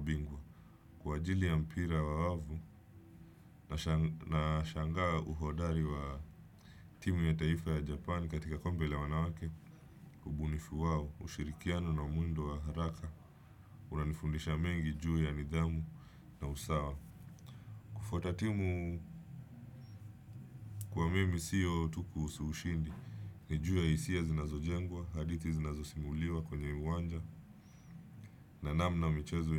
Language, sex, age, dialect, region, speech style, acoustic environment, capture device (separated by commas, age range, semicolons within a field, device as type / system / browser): Swahili, male, 25-34, Kenya - Mombasa, Kenya, relaxed; focused, nature; appliances, desktop / Windows / Chrome